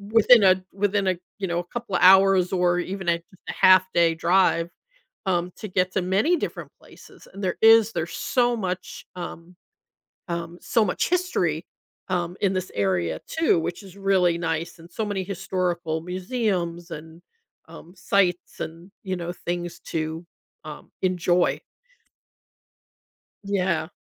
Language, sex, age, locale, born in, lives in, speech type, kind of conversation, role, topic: English, female, 60-64, en-US, United States, United States, conversation, advice, user, How can I manage mixed emotions after a major life change?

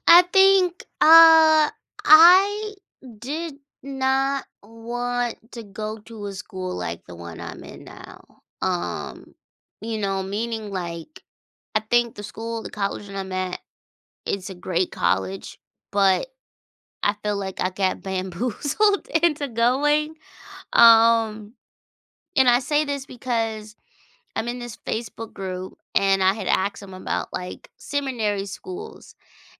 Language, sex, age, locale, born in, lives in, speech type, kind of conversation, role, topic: English, female, 30-34, en-US, United States, United States, conversation, podcast, guest, In what ways has technology transformed our approach to learning and education?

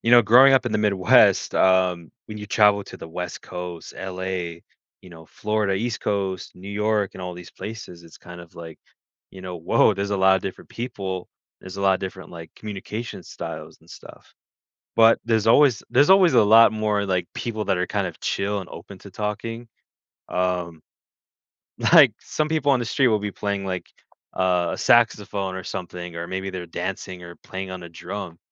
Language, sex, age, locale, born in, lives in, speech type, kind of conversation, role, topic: English, male, 20-24, en-US, United States, United States, conversation, podcast, guest, What makes a conversation feel open and honest?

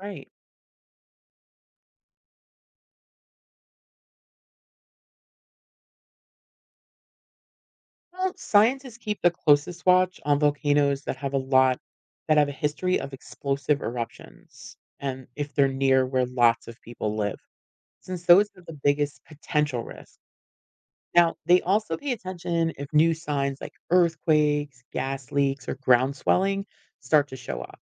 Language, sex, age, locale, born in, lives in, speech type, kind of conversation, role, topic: English, female, 45-49, en-US, United States, United States, read, expert-assistant, expert, What makes a volcano erupt?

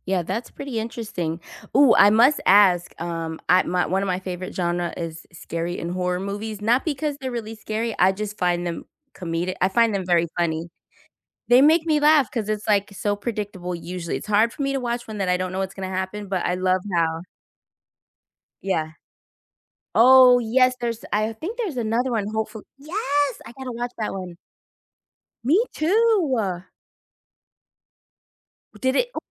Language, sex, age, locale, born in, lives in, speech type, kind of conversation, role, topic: English, female, 40-44, en-US, United States, United States, conversation, unstructured, none, How can movies teach us about different cultures?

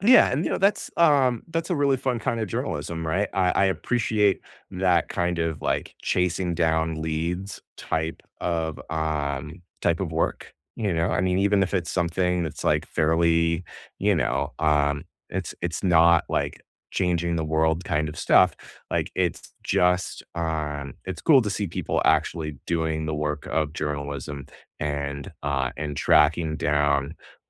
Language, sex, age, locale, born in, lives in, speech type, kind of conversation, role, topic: English, male, 35-39, en-US, United States, United States, conversation, unstructured, none, What show, book, or podcast are you most excited to recommend right now, and why does it resonate with you?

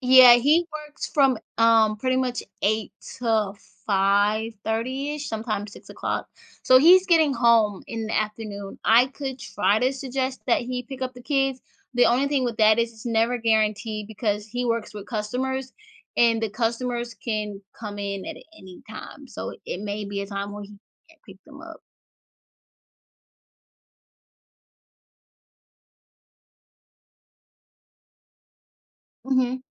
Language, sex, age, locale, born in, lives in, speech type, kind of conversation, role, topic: English, female, 25-29, en-US, United States, United States, conversation, advice, user, How can I balance parenting and work without feeling constantly overwhelmed?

